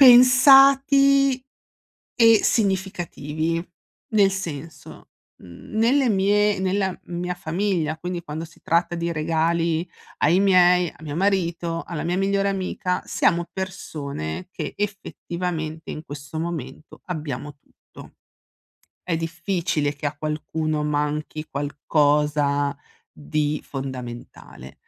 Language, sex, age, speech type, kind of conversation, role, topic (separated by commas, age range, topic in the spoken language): Italian, female, 40-44, conversation, advice, user, Come posso scegliere regali significativi per amici e familiari?